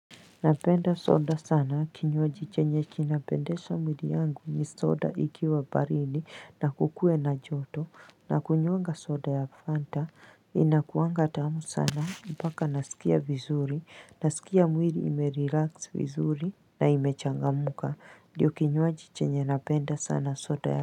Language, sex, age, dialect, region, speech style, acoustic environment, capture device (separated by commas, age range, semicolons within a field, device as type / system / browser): Swahili, female, 35-44, Kenya - Mombasa, Kenya, excited, people talking, mobile / Linux / Chrome